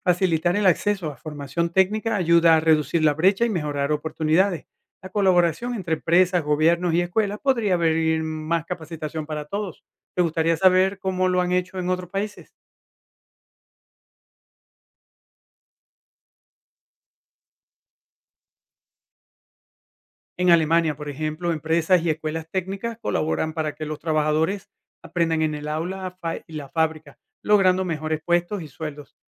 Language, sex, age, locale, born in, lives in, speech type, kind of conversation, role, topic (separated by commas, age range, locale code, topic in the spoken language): Spanish, male, 50-54, es-VE, Venezuela, United States, read, expert-assistant, expert, ¿Qué señales nos ayudan a diferenciar entre información real y mitos sobre la globalización en redes sociales?